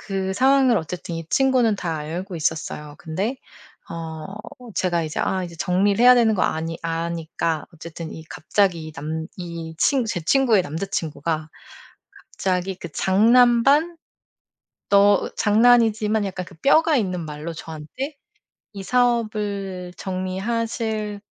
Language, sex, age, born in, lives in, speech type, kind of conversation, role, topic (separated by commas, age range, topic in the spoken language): Korean, female, 40-44, South Korea, United States, conversation, podcast, guest, ‘아니오’라고 말하는 연습을 어떻게 시작하면 좋을까요?